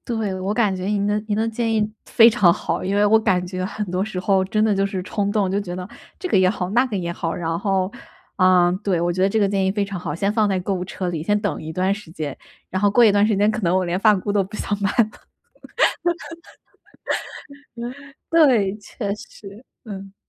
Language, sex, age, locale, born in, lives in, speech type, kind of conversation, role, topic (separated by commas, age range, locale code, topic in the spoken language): Chinese, female, 25-29, zh-CN, China, United States, conversation, advice, user, 我怎样才能控制购物冲动并减少不必要的花费？